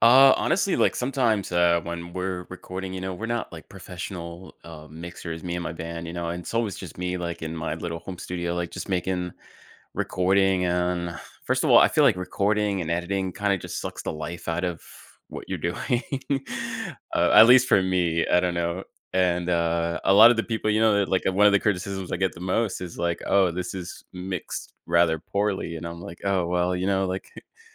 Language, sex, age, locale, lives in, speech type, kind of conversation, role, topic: English, male, 30-34, en-US, United States, conversation, podcast, guest, How do you turn feedback on your work into something positive?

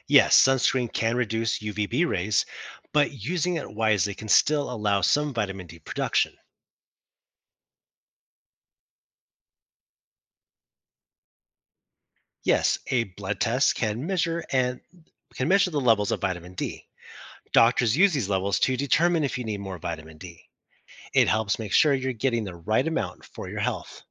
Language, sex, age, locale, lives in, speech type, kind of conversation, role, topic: English, male, 35-39, en-US, United States, read, expert-assistant, expert, How is vitamin D produced in the skin?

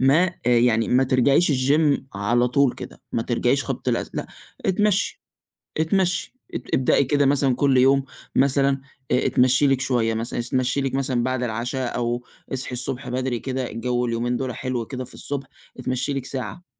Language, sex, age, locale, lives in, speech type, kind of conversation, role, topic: Arabic, male, 20-24, ar-EG, Egypt, conversation, advice, advisor, ليه مش بقدر ألتزم بالتمرين مع إني ناوي؟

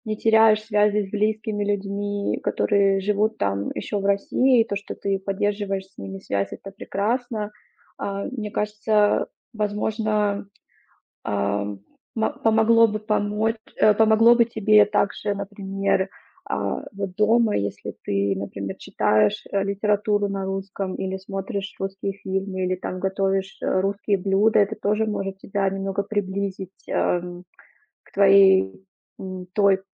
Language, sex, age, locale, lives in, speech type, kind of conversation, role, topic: Russian, female, 30-34, ru-RU, Germany, conversation, advice, advisor, По чему именно вы больше всего скучаете, когда вы вдали от дома и привычной жизни?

